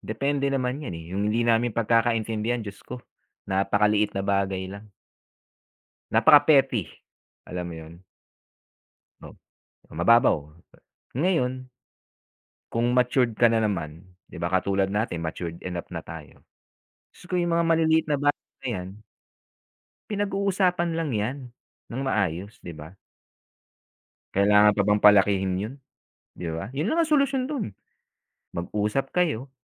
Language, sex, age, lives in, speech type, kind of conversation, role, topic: Filipino, male, 45-49, United States, conversation, unstructured, none, Paano mo nilulutas ang hindi pagkakaunawaan sa kaibigan at ano ang ginagawa mo kapag may away sa pamilya?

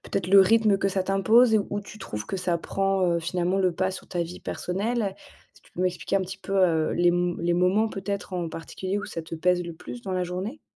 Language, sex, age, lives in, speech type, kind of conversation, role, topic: French, female, 30-34, France, conversation, advice, advisor, Comment puis-je mieux équilibrer ma vie personnelle avec le rythme intense d’une startup ?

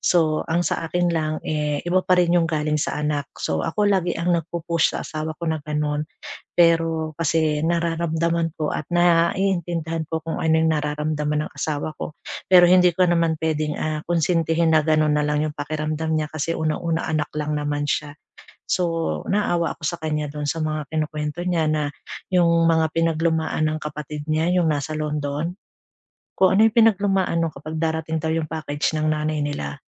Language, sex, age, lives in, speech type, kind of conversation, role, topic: Filipino, female, 40-44, Philippines, conversation, advice, user, Paano ko haharapin ang selos at kumpetisyon sa loob ng pamilya?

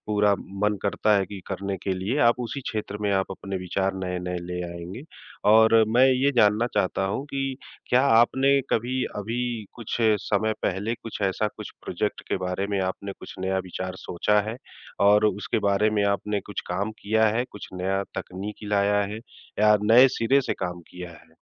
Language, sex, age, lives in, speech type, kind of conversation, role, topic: Hindi, male, 40-44, India, conversation, advice, advisor, नए प्रोजेक्ट के लिए नए विचार क्यों नहीं आ रहे?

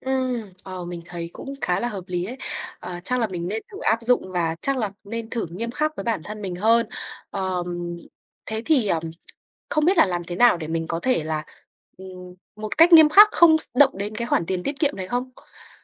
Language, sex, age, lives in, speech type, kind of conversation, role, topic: Vietnamese, female, 20-24, Vietnam, conversation, advice, user, Mua sắm bộc phát khiến tháng nào cũng hết tiền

